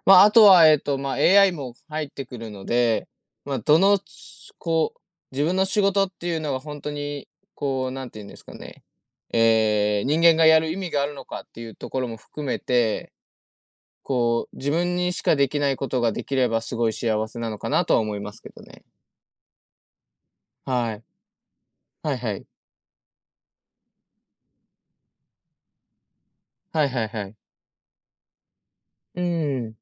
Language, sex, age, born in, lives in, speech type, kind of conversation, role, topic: Japanese, male, 20-24, Japan, Japan, conversation, unstructured, none, 10年後の自分はどうなっていたいですか？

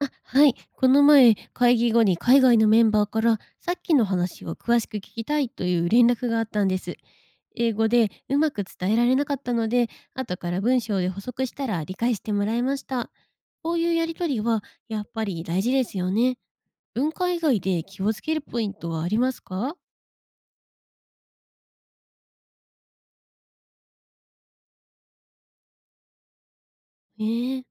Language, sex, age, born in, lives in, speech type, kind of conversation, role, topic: Japanese, female, 25-29, Japan, Japan, read, expert-assistant, asker, 会社で『チームワーク』の考え方は国によってどう違うのでしょうか？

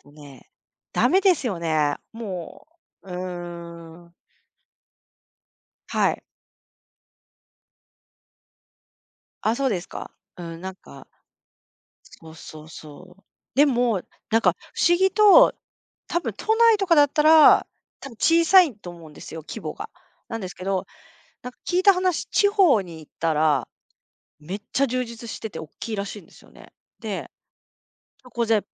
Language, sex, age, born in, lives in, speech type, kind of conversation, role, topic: Japanese, female, 45-49, Japan, Japan, conversation, unstructured, none, ジム通いが続かないのはなぜですか？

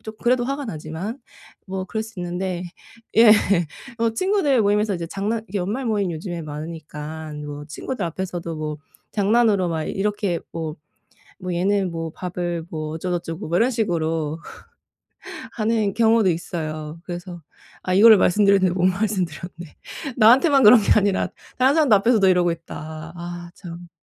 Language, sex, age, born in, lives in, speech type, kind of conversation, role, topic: Korean, female, 35-39, South Korea, Germany, conversation, advice, user, 남들 앞에서 비판을 받을 때 어떻게 침착하게 대응하면 좋을까요?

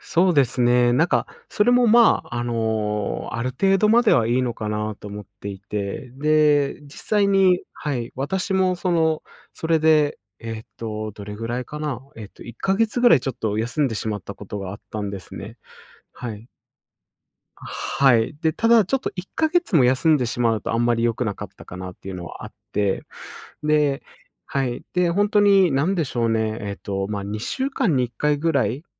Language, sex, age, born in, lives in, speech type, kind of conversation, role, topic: Japanese, male, 25-29, Japan, Japan, conversation, podcast, guest, 習慣化するためにできる小さな工夫には、どんなものがありますか？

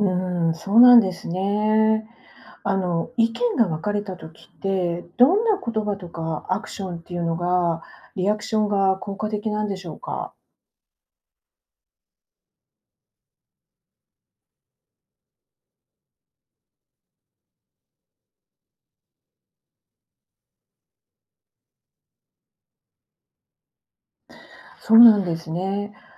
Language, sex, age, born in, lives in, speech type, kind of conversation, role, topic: Japanese, female, 50-54, Japan, Japan, read, expert-assistant, asker, 宗教が異なる人と集まるとき、どんな配慮が必要ですか？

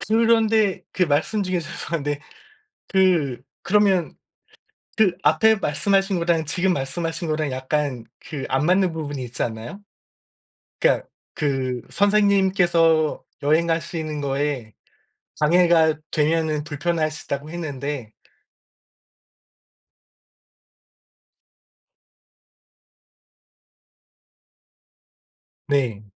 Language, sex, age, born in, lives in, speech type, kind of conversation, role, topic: Korean, male, 45-49, South Korea, United States, conversation, unstructured, none, 혼자 여행할 때 가장 걱정되는 점은 무엇인가요?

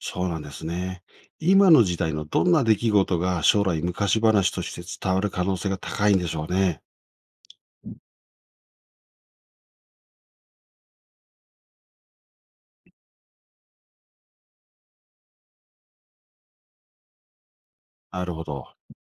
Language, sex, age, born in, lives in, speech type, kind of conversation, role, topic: Japanese, male, 55-59, Japan, Japan, read, expert-assistant, asker, 昔の物語でよく聞く「有名なエピソード」って、本当にあった話なんでしょうか？どこまで信じていいのか気になります。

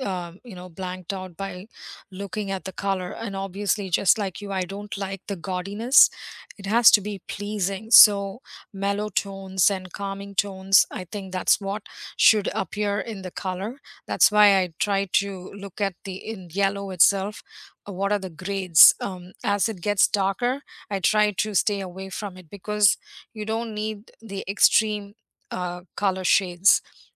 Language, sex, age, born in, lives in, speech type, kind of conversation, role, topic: English, female, 50-54, India, United States, conversation, unstructured, none, What paint color or finish has pleasantly surprised you?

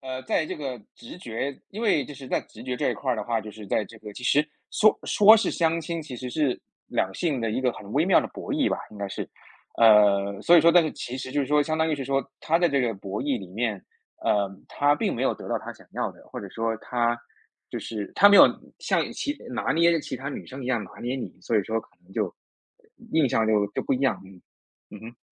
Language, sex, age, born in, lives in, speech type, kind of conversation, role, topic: Chinese, male, 30-34, China, United States, conversation, podcast, host, 直觉在做爱情选择时到底有多重要？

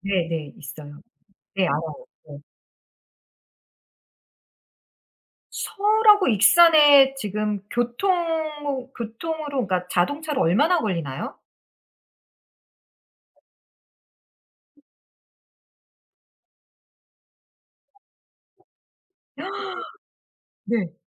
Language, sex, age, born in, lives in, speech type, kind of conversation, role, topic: Korean, female, 45-49, United States, United States, conversation, unstructured, none, 평생 한 도시에서만 사는 것과 여러 도시를 옮겨 다니며 사는 것 중 어떤 삶을 선택하시겠습니까?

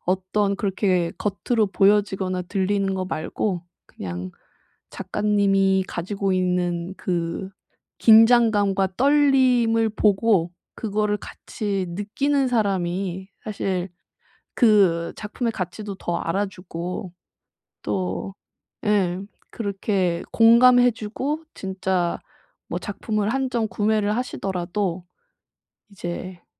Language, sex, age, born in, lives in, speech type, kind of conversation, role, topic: Korean, female, 30-34, South Korea, Japan, conversation, advice, advisor, 어떻게 하면 말을 짧고 명확하게 전달할 수 있을까요?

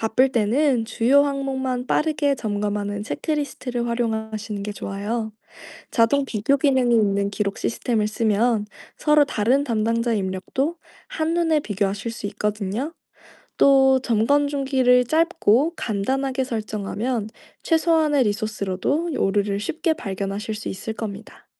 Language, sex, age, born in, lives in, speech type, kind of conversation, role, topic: Korean, female, 25-29, South Korea, France, read, expert-assistant, expert, 의료행정에서 신뢰할 수 있는 정보를 고를 때 어떤 기준을 사용하면 좋을까요?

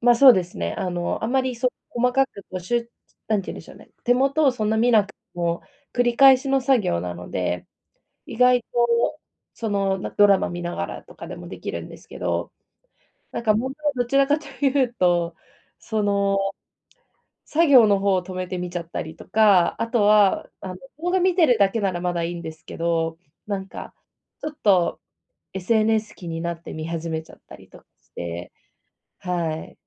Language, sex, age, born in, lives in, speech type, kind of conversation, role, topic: Japanese, female, 25-29, Japan, Japan, conversation, advice, user, 長時間の作業で集中力を持続させるにはどうすればいいですか？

